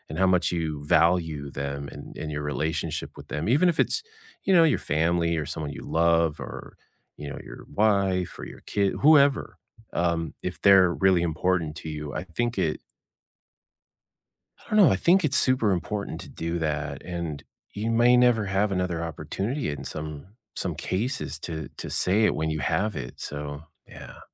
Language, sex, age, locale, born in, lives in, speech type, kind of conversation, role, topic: English, male, 50-54, en-US, United States, United States, conversation, unstructured, none, What life lesson did you learn the hard way?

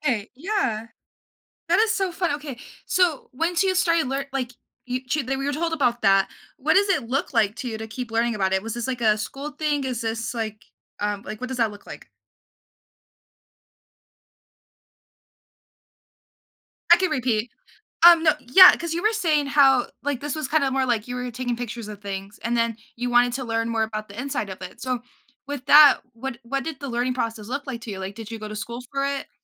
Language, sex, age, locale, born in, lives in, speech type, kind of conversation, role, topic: English, female, 18-19, en-US, United States, United States, conversation, podcast, host, How has your hobby brought you a sense of fulfillment or personal growth?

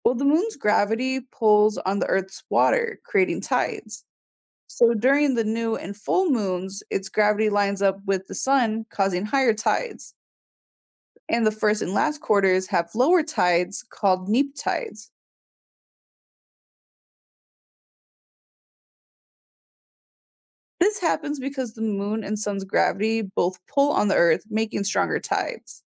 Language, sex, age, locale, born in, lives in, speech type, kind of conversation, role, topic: English, female, 20-24, en-US, United States, United States, read, expert-assistant, expert, What is the significance of the moon phases?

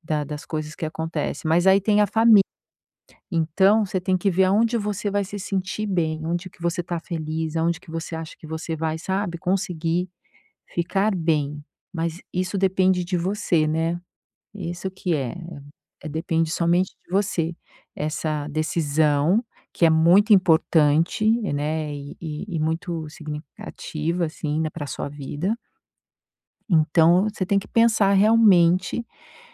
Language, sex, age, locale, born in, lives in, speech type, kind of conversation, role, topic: Portuguese, female, 50-54, pt-BR, Brazil, United States, conversation, advice, advisor, Como posso criar cenários futuros para comparar opções importantes da minha vida?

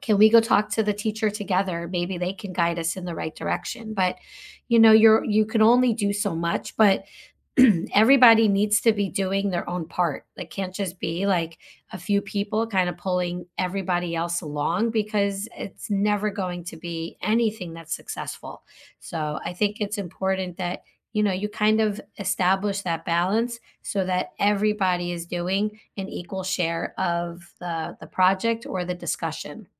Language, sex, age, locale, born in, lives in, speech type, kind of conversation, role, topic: English, female, 45-49, en-US, United States, United States, conversation, unstructured, none, What makes a class discussion feel inclusive to you?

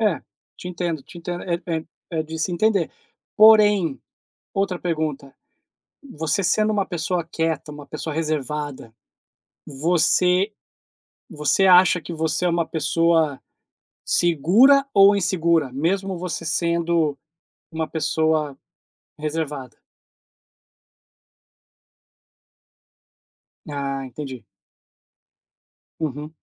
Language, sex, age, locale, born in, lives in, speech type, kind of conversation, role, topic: Portuguese, male, 40-44, pt-BR, Brazil, United States, conversation, podcast, host, Tem alguma lembrança de infância que ainda te define?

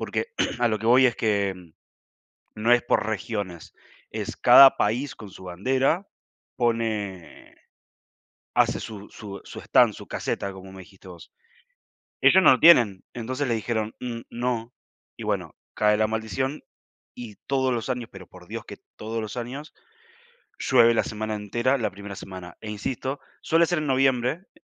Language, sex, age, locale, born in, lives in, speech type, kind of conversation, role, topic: Spanish, male, 20-24, es-AR, Argentina, Spain, conversation, unstructured, none, ¿Puedes contarme una anécdota divertida de alguna celebración cultural?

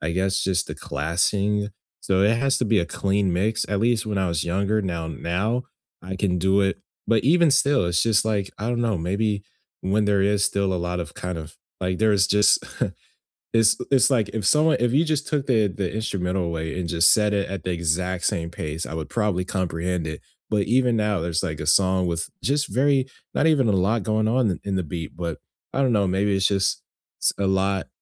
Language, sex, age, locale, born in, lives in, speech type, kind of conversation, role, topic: English, male, 20-24, en-US, United States, United States, conversation, unstructured, none, What song lyric has been sticking with you lately, and what about it resonates with you?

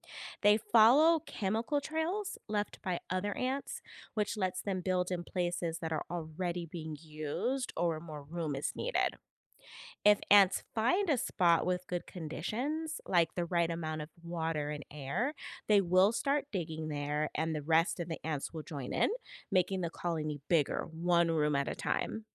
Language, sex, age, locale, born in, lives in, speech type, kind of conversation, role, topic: English, female, 40-44, en-US, United States, United States, read, expert-assistant, expert, How do ants build complex underground colonies?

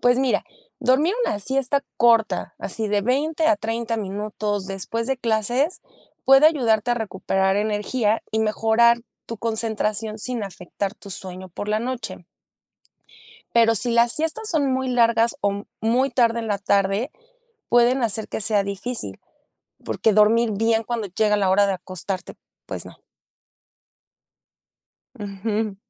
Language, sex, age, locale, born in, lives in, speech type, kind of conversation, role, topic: Spanish, female, 35-39, es-MX, Mexico, Mexico, read, expert-assistant, expert, Cómo influye el sueño en el rendimiento académico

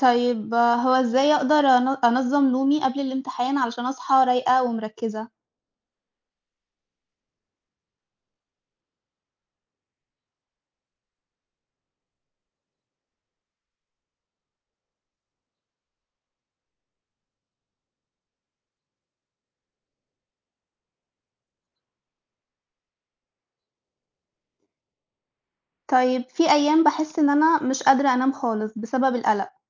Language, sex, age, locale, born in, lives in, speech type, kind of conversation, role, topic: Arabic, female, 20-24, ar-EG, Egypt, Italy, read, expert-assistant, asker, كيف يمكن التعامل مع التوتر أثناء الامتحانات؟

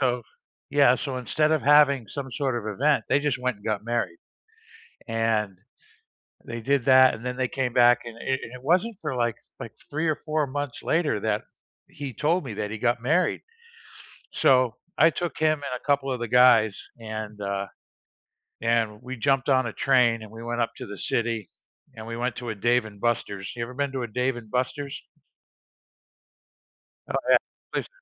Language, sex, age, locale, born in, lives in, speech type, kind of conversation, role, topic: English, male, 55-59, en-US, United States, United States, conversation, unstructured, none, How do you celebrate other people's wins, big or small, in everyday life?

